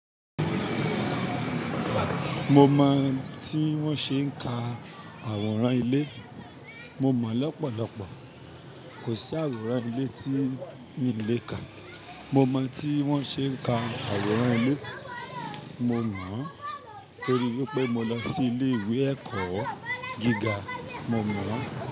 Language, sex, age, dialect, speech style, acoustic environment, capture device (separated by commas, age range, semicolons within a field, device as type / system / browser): Yoruba, male, 25-34, Nigeria - Ibadan, anxious; tired; relaxed; focused; excited; happy; nervous, people talking; music; nature; silence; traffic; construction; appliances, mobile / Linux / Chrome